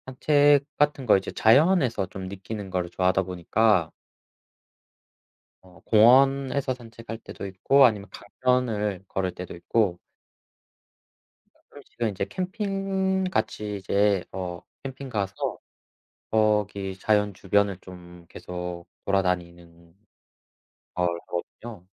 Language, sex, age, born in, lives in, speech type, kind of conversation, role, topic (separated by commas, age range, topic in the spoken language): Korean, male, 25-29, South Korea, South Korea, conversation, unstructured, none, 새로운 취미를 시작할 때 주로 어떤 점을 고려하시나요?